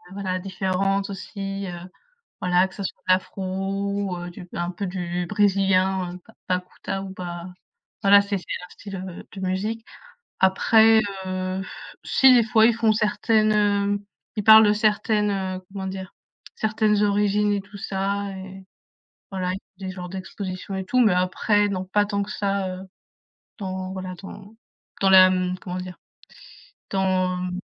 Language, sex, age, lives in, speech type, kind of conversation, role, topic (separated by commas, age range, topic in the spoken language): French, female, 20-24, France, conversation, unstructured, none, Comment la diversité culturelle enrichit-elle une société ?